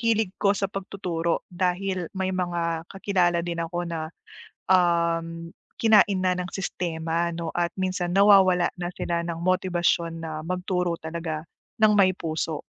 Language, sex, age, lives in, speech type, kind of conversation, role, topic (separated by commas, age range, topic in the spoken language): Filipino, female, 30-34, Philippines, conversation, advice, user, Paano ko matutuklasan kung ano talaga ang tunay kong pinahahalagahan?